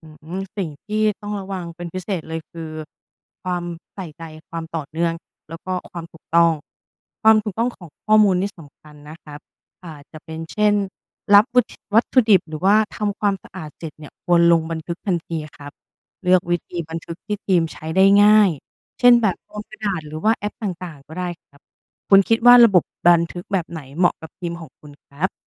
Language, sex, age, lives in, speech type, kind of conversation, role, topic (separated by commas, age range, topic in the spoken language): Thai, female, 35-39, Thailand, read, expert-assistant, expert, มีวิธีไหนที่ช่วยให้ร้านอาหารในชุมชนมั่นใจได้ว่าอาหารที่ขายปลอดภัยและสดใหม่อยู่เสมอ?